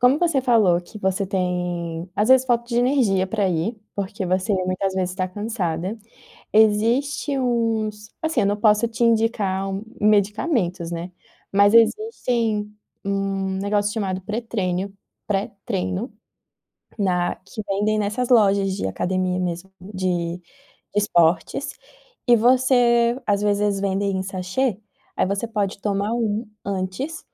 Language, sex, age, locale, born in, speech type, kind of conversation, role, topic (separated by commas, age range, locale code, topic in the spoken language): Portuguese, female, 25-29, pt-BR, Brazil, conversation, advice, advisor, Como posso me manter motivado e consistente nos meus hobbies?